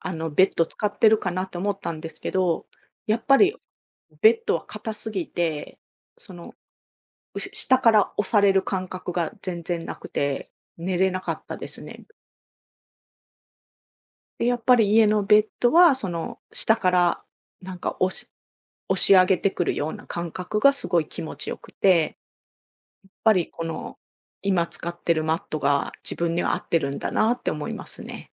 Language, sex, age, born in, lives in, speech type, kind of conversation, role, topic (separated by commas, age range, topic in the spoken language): Japanese, female, 45-49, Japan, Japan, conversation, podcast, guest, 毎日の睡眠をよくするコツは何ですか？